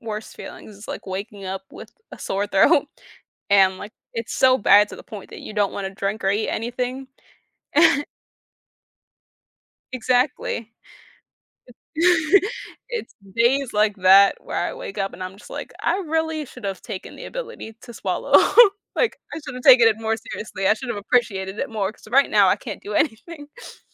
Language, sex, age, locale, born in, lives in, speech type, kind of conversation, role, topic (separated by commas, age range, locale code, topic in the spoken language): English, female, 20-24, en-US, United States, United States, conversation, unstructured, none, Would you rather always have perfect weather or always have perfect health?